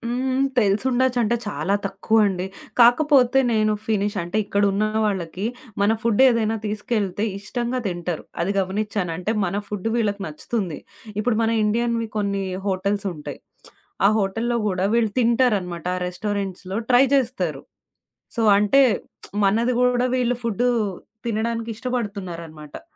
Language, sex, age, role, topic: Telugu, female, 30-34, guest, విదేశంలో జరిగిన ఏదైనా సంభాషణ మీ దృక్పథాన్ని మార్చిందా?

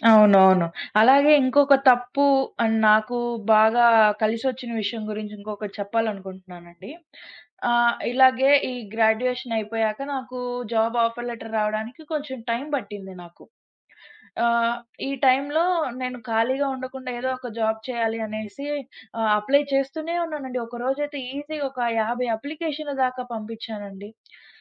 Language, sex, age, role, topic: Telugu, female, 20-24, guest, మీరు చేసిన ఒక తప్పు ఎలా మీకు మంచి అవకాశంగా మారింది?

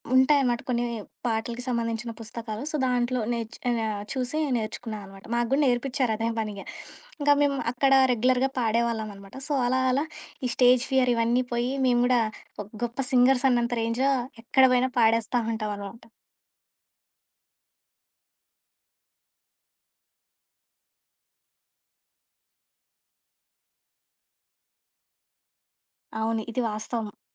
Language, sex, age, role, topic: Telugu, female, 25-29, guest, మీరు చిన్నప్పట్లో తరచుగా విన్న పాట ఏది?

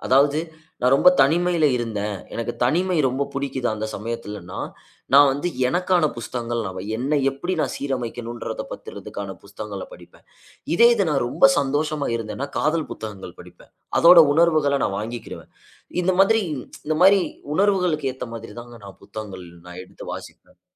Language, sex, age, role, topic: Tamil, male, 25-29, guest, திட்டமின்றி நடந்த ஒரு நாள் உங்கள் வாழ்க்கையை மாற்றியதா?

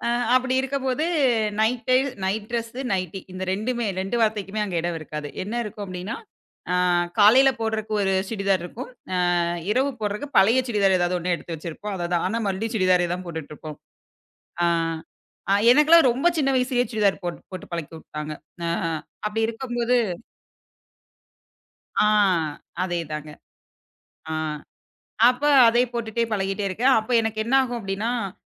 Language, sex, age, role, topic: Tamil, female, 25-29, guest, சுற்றுச்சூழலுக்கு ஏற்றவாறு நீங்கள் உங்கள் ஆடைத் தேர்வுகளை எப்படி மாற்றுகிறீர்கள்?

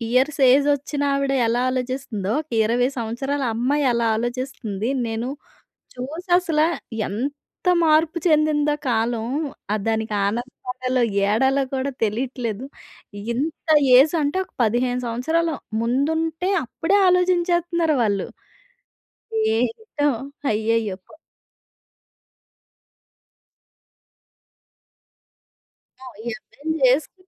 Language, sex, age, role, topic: Telugu, female, 30-34, host, ఆన్‌లైన్ వాడకం వల్ల వాస్తవ జీవిత సంబంధాలు దెబ్బతింటాయా?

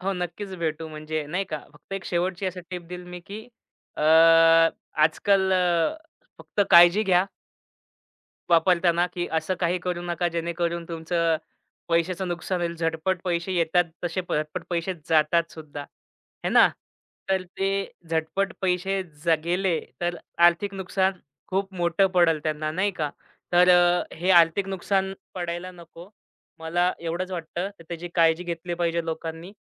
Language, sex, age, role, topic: Marathi, male, 25-29, guest, डिजिटल पेमेंट आणि बँकिंग अधिक सुरक्षित व सोपे करण्यासाठी तुम्हाला कोणत्या सूचना द्यायच्या आहेत?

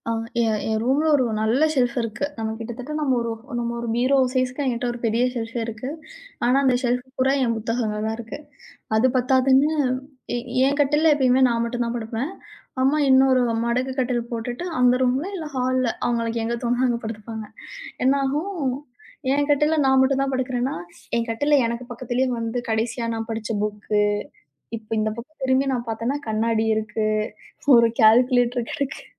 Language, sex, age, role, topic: Tamil, female, 35-39, guest, சிறிய வீடுகளில் சேமிப்பிடத்தை புத்திசாலித்தனமாக எப்படிப் பயன்படுத்தலாம்?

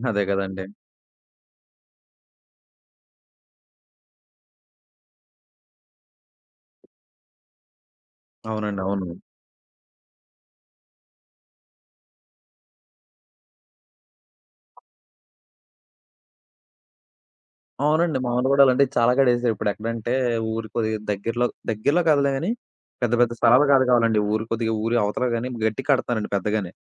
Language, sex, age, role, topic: Telugu, male, 20-24, host, బెడ్‌రూం ఎలా ఉంటే మీరు సుఖంగా నిద్రపోతారు?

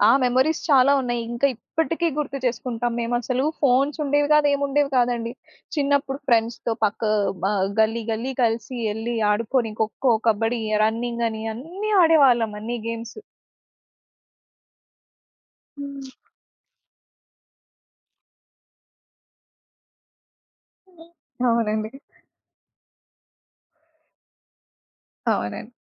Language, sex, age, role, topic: Telugu, female, 25-29, guest, సామాజిక మాధ్యమాల్లో మీరు సాధారణంగా ఏమి పంచుకుంటారు?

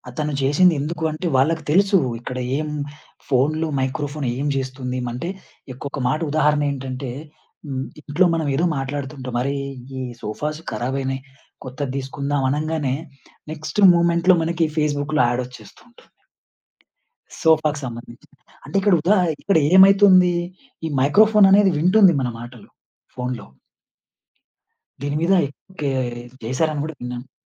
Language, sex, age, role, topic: Telugu, male, 30-34, guest, మీ కంప్యూటర్లో ఉన్న పత్రాలు, ఫోటోలు లేదా సంగీతాన్ని సాధారణంగా మీరు ఎక్కడ పంచుకుంటారు?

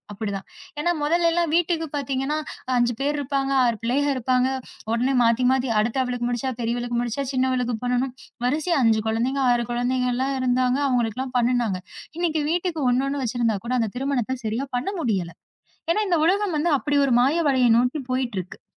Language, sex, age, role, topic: Tamil, female, 30-34, guest, நேரில் சந்திக்காமல் உருவாகும் திருமண உறவுகள் எப்படிப் பலமாகவும் நீடித்தும் அமையும் என்று நீங்கள் நினைக்கிறீர்கள்?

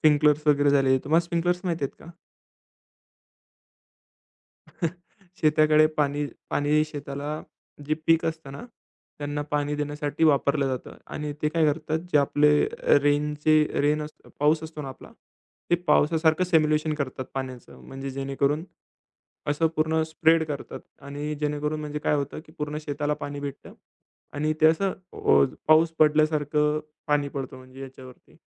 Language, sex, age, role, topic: Marathi, male, 20-24, guest, कधी तुमच्यासोबत असा एखादा योगायोग घडला आहे का, ज्यामुळे आयुष्यच बदलल्यासारखं वाटलं?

